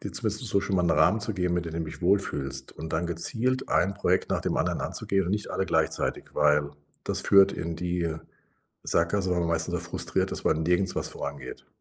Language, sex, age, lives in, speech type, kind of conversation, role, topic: German, male, 60-64, Germany, conversation, advice, advisor, Wie kann ich meine Verhaltensmuster erkennen und durch das Nachverfolgen meiner Gewohnheiten verändern?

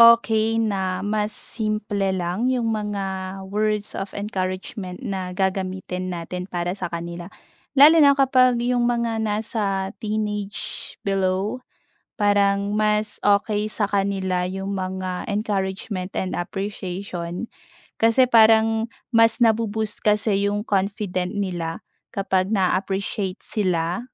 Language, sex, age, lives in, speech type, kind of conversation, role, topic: Filipino, female, 30-34, Philippines, conversation, podcast, guest, Anong mga salita ang karaniwan mong sinasabi para agad gumaan ang loob ng kausap mo?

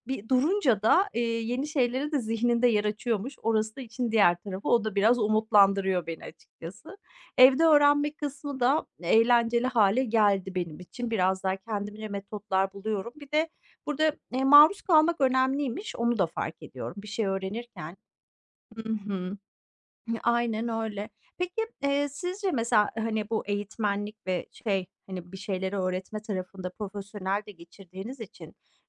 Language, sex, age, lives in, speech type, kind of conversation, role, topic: Turkish, female, 40-44, Netherlands, conversation, unstructured, none, Öğrenmeyi daha eğlenceli hâle getirmek için neler yapılabilir?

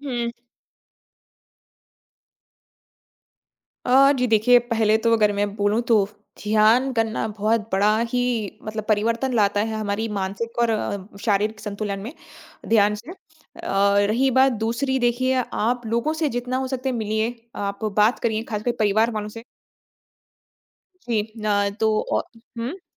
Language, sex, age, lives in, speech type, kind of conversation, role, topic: Hindi, female, 25-29, India, conversation, podcast, guest, आपने खुद को माफ़ करना कैसे सीखा?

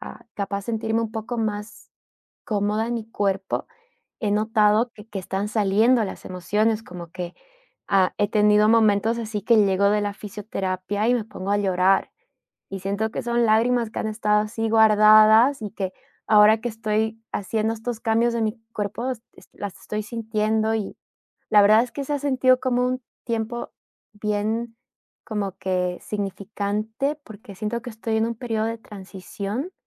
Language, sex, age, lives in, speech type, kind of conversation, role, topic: Spanish, female, 30-34, United States, conversation, advice, user, ¿Cómo puedo manejar la culpa por tomar tiempo libre para recuperarme?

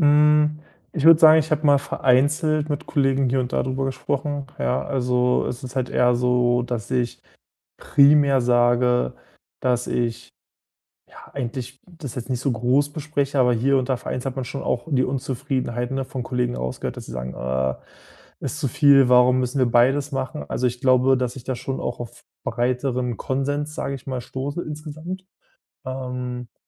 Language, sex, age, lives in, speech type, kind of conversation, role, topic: German, male, 25-29, Germany, conversation, advice, user, Wie kann ich mich trotz zu vieler Informationskanäle und ständiger Benachrichtigungen besser fokussieren?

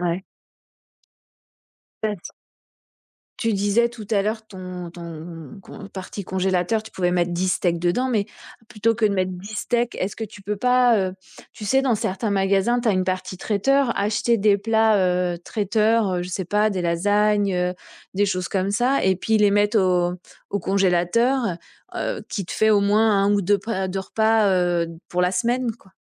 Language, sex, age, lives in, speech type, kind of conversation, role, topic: French, female, 45-49, France, conversation, advice, advisor, Comment trouver du temps pour préparer des repas sains ?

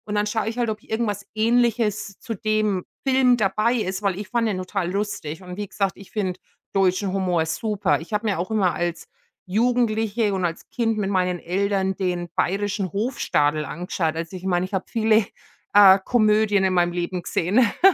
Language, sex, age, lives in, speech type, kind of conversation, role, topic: German, female, 45-49, United States, conversation, unstructured, none, Gibt es einen Film, der dich zum Lachen gebracht hat?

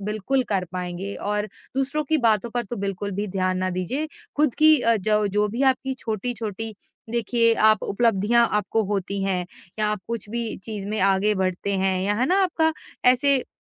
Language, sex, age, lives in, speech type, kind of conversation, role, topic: Hindi, female, 25-29, India, conversation, advice, advisor, रिश्ते में होने वाले आत्म-संदेह को कम करके मैं अपना भरोसा और आत्म-विश्वास कैसे बढ़ा सकता/सकती हूँ?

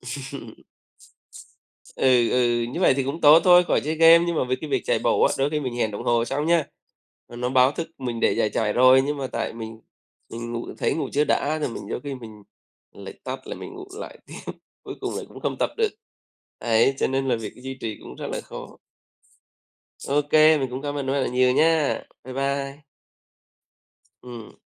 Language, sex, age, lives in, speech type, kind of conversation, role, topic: Vietnamese, male, 35-39, Vietnam, conversation, unstructured, none, Bạn nghĩ sao về việc dành thời gian cho sở thích cá nhân?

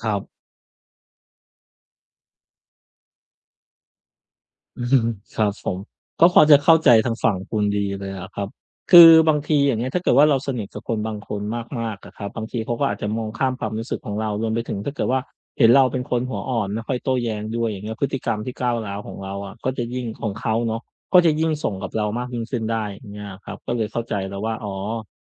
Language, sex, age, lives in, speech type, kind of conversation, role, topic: Thai, male, 35-39, Thailand, conversation, advice, advisor, คุณทะเลาะกับเพื่อนสนิทเรื่องอะไร และอยากให้ความสัมพันธ์ต่อจากนี้เป็นอย่างไร?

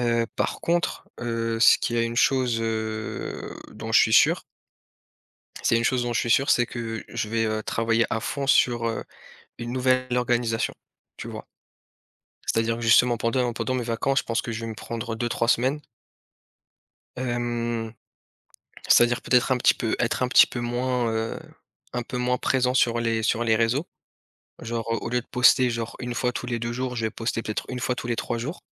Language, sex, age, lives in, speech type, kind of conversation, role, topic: French, male, 30-34, France, conversation, unstructured, none, Que t’apporte ce passe-temps au quotidien ?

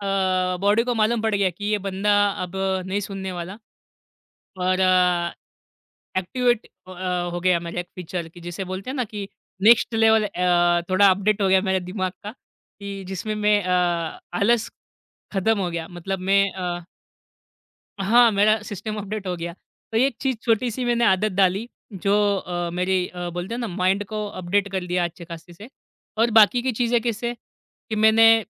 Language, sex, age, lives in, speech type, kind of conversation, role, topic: Hindi, male, 25-29, India, conversation, podcast, guest, Kaun si chhoti si aadat se tumhari creativity sabse zyada badhi?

